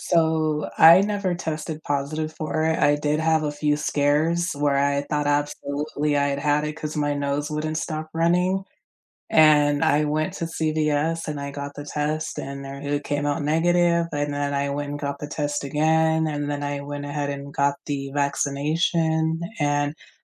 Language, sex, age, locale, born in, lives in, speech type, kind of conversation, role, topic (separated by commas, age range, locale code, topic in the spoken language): English, female, 35-39, en-US, United States, United States, conversation, unstructured, none, Which world events have felt like turning points in your life, and why?